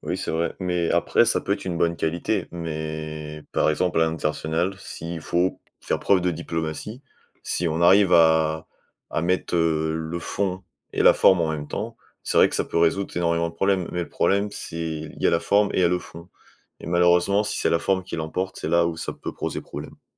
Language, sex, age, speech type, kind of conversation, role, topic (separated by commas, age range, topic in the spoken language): French, male, 20-24, conversation, unstructured, none, Quelles qualités sont importantes pour un bon dirigeant politique ?